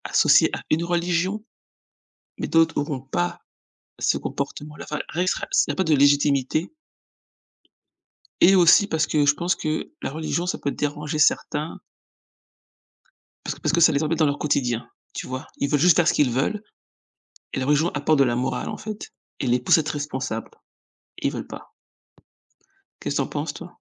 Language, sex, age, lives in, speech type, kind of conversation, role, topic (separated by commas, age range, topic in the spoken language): French, female, 40-44, United States, conversation, unstructured, none, Pourquoi la religion suscite-t-elle parfois de la haine ?